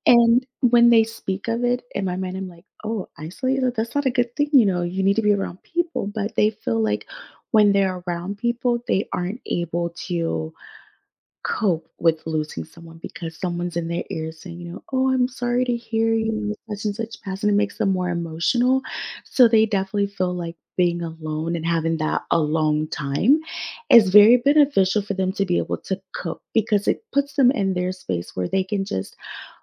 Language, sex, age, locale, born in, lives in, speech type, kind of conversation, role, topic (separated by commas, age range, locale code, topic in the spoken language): English, female, 30-34, en-US, United States, United States, conversation, podcast, guest, How do people find comfort and support after experiencing loss?